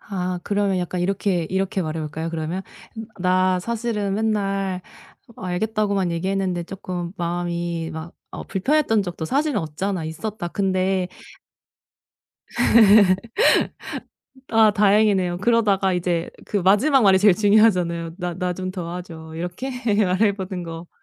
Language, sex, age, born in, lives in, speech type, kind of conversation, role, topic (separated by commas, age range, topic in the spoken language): Korean, female, 35-39, South Korea, Germany, conversation, advice, user, 타인의 시선과 나만의 스타일 사이에서 어떻게 균형을 맞출 수 있을까요?